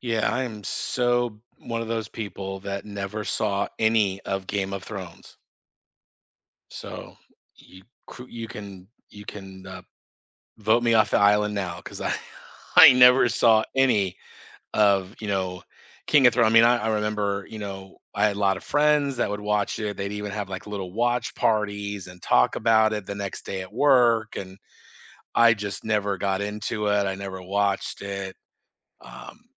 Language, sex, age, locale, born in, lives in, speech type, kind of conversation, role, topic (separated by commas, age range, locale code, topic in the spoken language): English, male, 50-54, en-US, United States, United States, conversation, unstructured, none, What should you watch next?